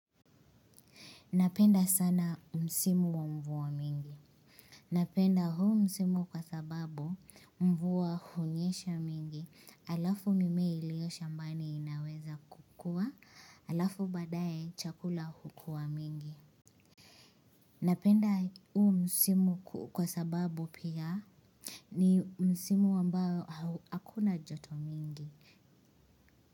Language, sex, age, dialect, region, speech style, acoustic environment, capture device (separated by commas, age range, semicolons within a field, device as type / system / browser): Swahili, female, 25-34, Kenya - Nairobi Sheng-influenced, Kenya, anxious, silence, mobile / Linux / Chrome